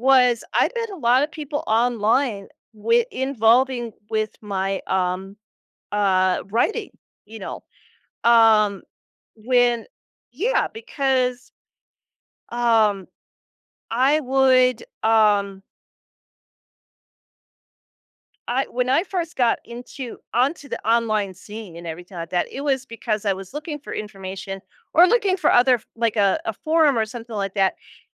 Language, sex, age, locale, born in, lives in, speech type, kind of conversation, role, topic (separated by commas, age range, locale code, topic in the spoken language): English, female, 45-49, en-US, United States, United States, conversation, podcast, guest, How do shared interests help people form new friendships?